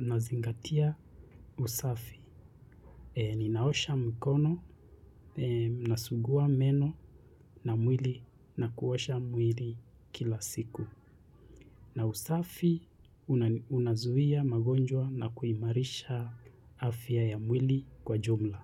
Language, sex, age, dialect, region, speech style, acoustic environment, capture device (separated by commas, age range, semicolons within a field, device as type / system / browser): Swahili, male, 25-34, Kenya - Nairobi Sheng-influenced, Kenya, relaxed, silence, mobile / Linux / Chrome